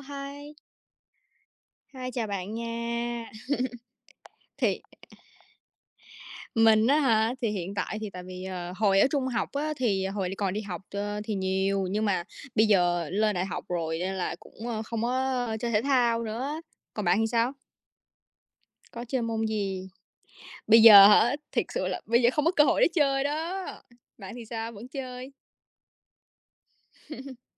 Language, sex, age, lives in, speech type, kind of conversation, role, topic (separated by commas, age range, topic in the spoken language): Vietnamese, female, 20-24, United States, conversation, unstructured, none, Bạn dựa vào những tiêu chí nào để chọn một môn thể thao để tham gia?